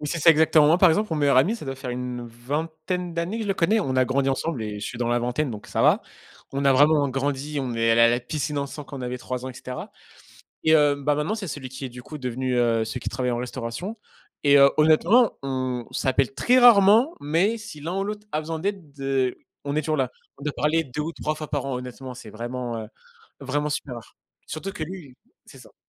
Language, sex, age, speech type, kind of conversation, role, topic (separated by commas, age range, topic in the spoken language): French, male, 25-29, conversation, unstructured, none, Comment réagis-tu face à un conflit avec un ami proche ?